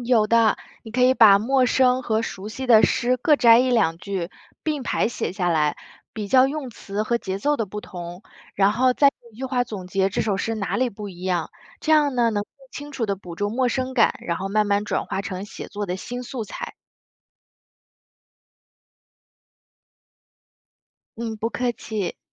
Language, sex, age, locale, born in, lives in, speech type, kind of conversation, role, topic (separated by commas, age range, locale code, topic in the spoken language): Chinese, female, 30-34, zh-CN, China, United States, read, expert-assistant, expert, 如何激发和提升写现代诗的灵感？